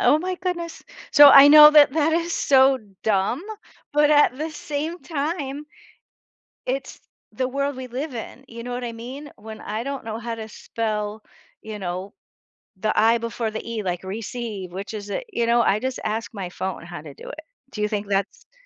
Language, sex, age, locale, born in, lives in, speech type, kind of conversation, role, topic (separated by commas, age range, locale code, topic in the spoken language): English, female, 55-59, en-US, United States, United States, conversation, podcast, host, In what ways is technology transforming education and the learning experience?